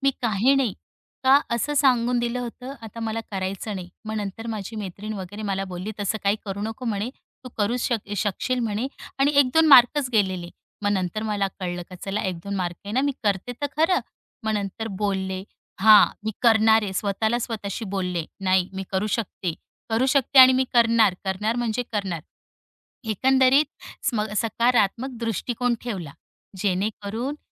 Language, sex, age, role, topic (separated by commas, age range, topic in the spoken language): Marathi, female, 35-39, guest, एखाद्या परीक्षेत कमी गुण आल्यावर तू पुढे काय बदल केलास?